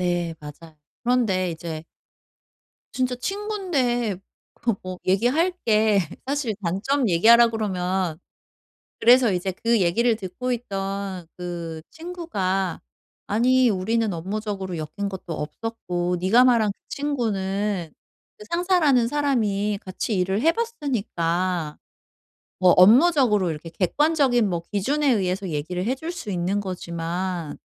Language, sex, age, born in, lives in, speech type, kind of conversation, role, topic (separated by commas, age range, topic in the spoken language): Korean, female, 40-44, South Korea, South Korea, conversation, advice, user, 피드백을 줄 때와 받을 때의 균형을 건강하게 어떻게 맞추면 좋을까요?